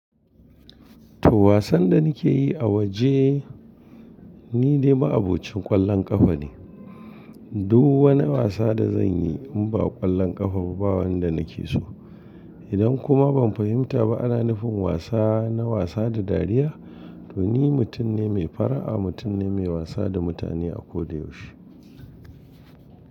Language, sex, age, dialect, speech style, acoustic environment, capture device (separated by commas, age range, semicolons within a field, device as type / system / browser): Hausa, male, 45-54, Nigeria - Kano, relaxed, appliances; people talking, mobile / Linux / Chrome